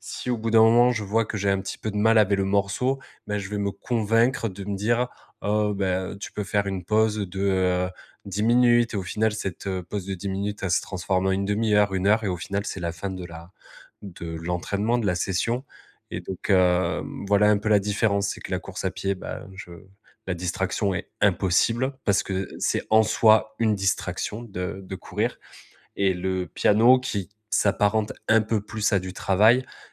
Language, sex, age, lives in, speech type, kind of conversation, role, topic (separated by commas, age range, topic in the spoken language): French, male, 30-34, France, conversation, advice, user, Comment puis-je réduire la procrastination et les distractions courantes pour rester concentré ?